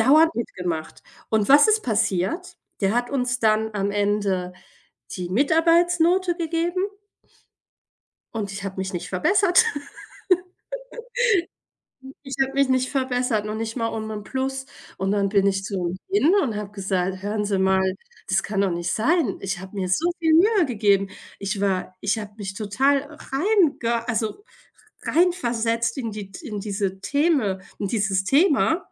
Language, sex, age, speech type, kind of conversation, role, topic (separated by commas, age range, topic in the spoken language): German, female, 40-44, conversation, unstructured, none, Findest du, dass Noten immer gerecht sind?